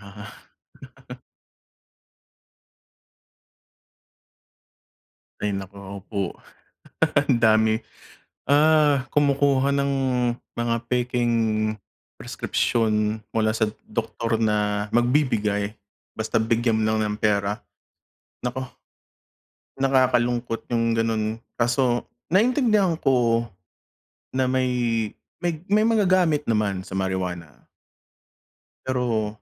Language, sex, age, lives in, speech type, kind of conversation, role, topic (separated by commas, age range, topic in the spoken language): Filipino, male, 35-39, United States, conversation, unstructured, none, Ano ang nararamdaman mo kapag nalalaman mong pinagsasamantalahan ang agham para sa masasamang gawain?